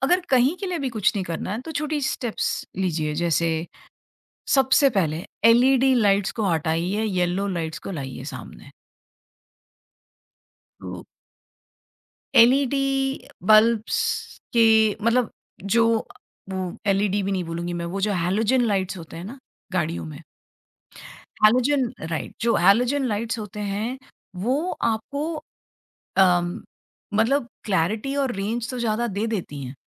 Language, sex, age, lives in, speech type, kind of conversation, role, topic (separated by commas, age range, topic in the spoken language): Hindi, female, 45-49, India, conversation, podcast, guest, Aam aadmi nature bachane ke liye kya kar sakta hai?